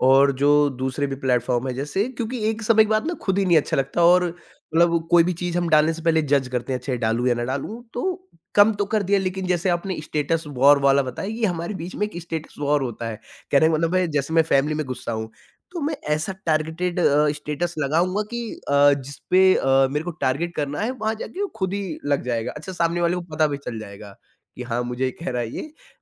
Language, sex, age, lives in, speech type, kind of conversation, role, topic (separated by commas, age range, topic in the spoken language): Hindi, male, 20-24, India, conversation, podcast, guest, Phone aur social media se stress ko manage karne ke tumhare tips kya hain?